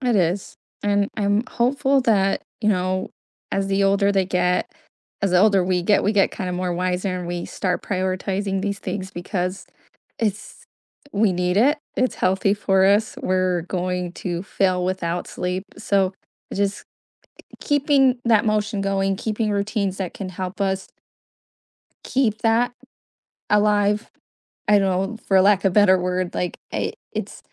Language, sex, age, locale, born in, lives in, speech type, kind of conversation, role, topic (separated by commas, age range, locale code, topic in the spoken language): English, female, 25-29, en-US, United States, United States, conversation, unstructured, none, What role does sleep play in your mental health?